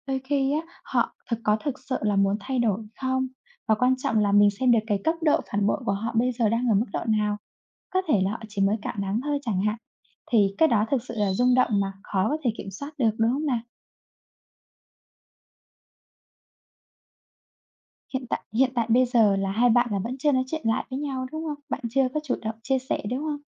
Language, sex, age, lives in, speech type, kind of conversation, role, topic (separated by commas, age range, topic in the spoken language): Vietnamese, female, 25-29, Vietnam, conversation, unstructured, none, Có nên tha thứ khi người mình yêu đã phản bội không?